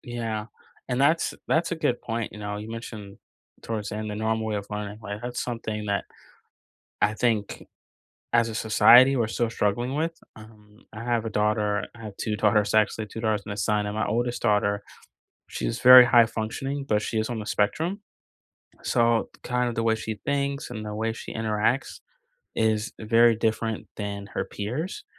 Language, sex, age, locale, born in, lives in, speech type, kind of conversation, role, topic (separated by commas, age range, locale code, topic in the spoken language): English, male, 40-44, en-US, United States, United States, conversation, unstructured, none, Which books from school have stayed with you?